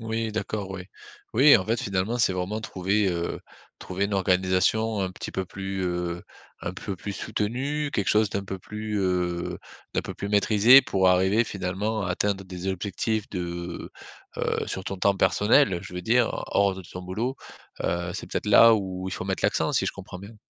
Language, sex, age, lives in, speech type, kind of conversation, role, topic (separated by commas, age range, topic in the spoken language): French, male, 35-39, France, conversation, advice, advisor, Comment puis-je remplacer mes mauvaises habitudes par des alternatives plus saines sans tout supprimer ?